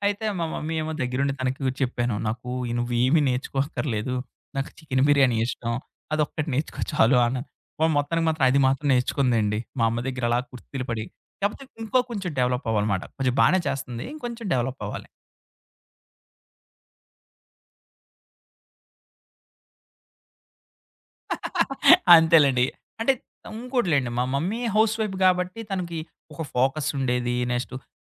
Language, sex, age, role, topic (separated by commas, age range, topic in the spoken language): Telugu, male, 30-34, guest, మీ ఇంట్లో తరతరాలుగా వస్తున్న ప్రత్యేక వంటకం ఏది?